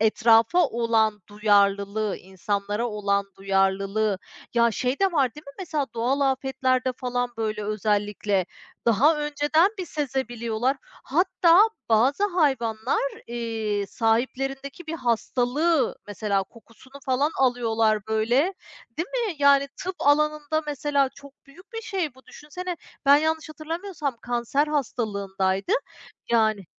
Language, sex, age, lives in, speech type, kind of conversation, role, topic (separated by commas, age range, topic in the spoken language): Turkish, female, 35-39, Spain, conversation, unstructured, none, Hayvanlar insanlar gibi duygular hissedebilir mi?